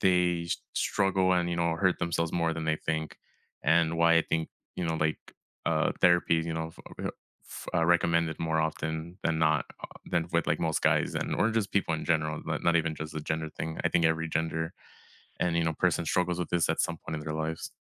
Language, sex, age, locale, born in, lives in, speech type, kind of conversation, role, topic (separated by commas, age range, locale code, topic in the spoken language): English, male, 25-29, en-US, United States, United States, conversation, unstructured, none, Have you ever felt like you had to hide who you are?